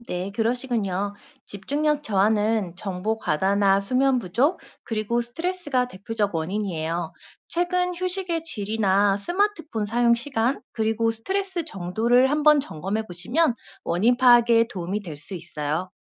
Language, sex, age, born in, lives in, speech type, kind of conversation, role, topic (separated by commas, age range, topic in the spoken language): Korean, female, 35-39, South Korea, South Korea, read, expert-assistant, expert, 명상이나 기도 등 영적 수행을 할 때 집중이 잘 안 되는 원인은 무엇일까요? 어떤 부분을 점검해 볼 수 있을까요?